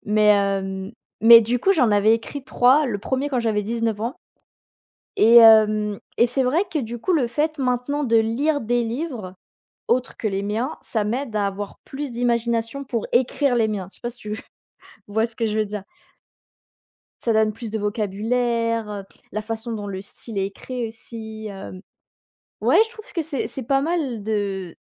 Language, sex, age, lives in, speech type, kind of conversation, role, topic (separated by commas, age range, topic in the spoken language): French, female, 20-24, France, conversation, unstructured, none, Qu’est-ce que le bonheur pour toi ?